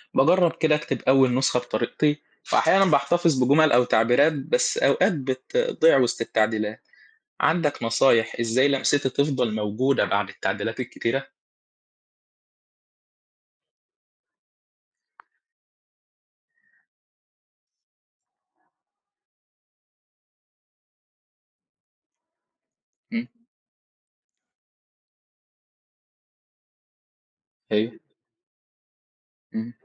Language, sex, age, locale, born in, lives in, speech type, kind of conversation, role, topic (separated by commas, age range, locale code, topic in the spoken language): Arabic, male, 18-19, ar-EG, Egypt, Egypt, read, expert-assistant, asker, إزاي أطور أسلوبي في الكتابة الإبداعية وأخلي عندي صوت مميز يبان في كل اللي بكتبه؟